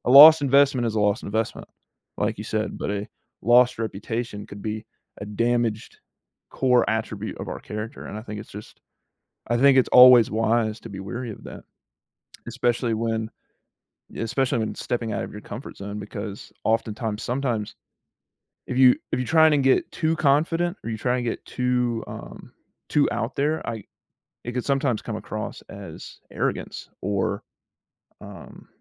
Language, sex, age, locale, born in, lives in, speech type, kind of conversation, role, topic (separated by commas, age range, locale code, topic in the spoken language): English, male, 18-19, en-US, United States, United States, conversation, podcast, host, How can leaving your comfort zone lead to personal growth and new opportunities?